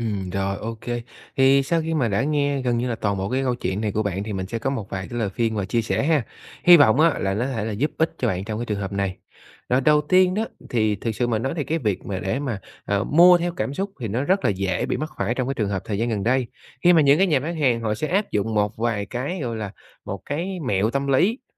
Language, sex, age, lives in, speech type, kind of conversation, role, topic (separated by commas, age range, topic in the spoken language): Vietnamese, male, 30-34, Vietnam, conversation, advice, advisor, Làm sao để tránh mua sắm hối tiếc và lãng phí tiền?